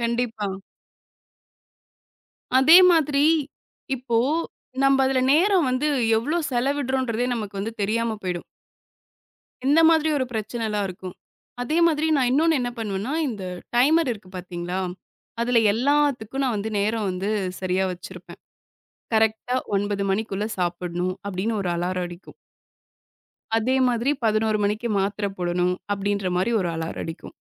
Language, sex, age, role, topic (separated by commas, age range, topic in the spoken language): Tamil, female, 25-29, guest, தினசரி பணித்திறனை உயர்த்த நீங்கள் எந்த பயன்பாடுகளை பயன்படுத்துகிறீர்கள்?